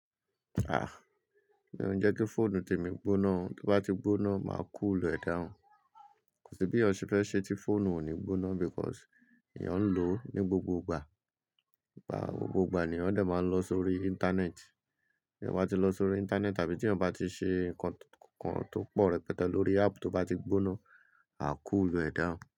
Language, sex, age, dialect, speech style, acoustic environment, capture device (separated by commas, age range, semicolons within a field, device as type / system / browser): Yoruba, male, 35-44, Nigeria - Lagos Yoruba, relaxed, people talking, mobile / Linux / Chrome